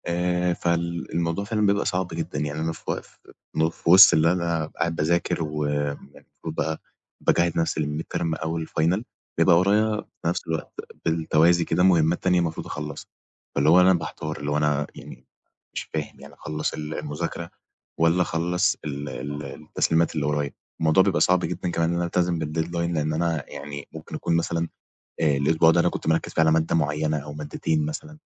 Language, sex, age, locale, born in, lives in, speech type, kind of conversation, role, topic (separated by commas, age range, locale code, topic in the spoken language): Arabic, male, 20-24, ar-EG, Egypt, Egypt, conversation, advice, user, إزاي أتعامل مع إنّي شايل على نفسي مهام كتير لحد ما بقى عندي إرهاق مزمن؟